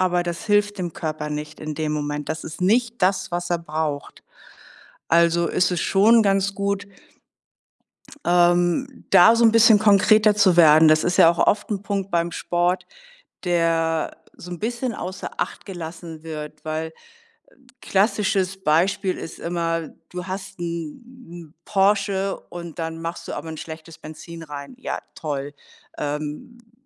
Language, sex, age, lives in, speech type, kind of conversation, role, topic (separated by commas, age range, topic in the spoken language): German, female, 60-64, Germany, conversation, advice, advisor, Wie kann ich meine Portionsgrößen besser einschätzen und woran erkenne ich, wie viel für mich wirklich genug ist?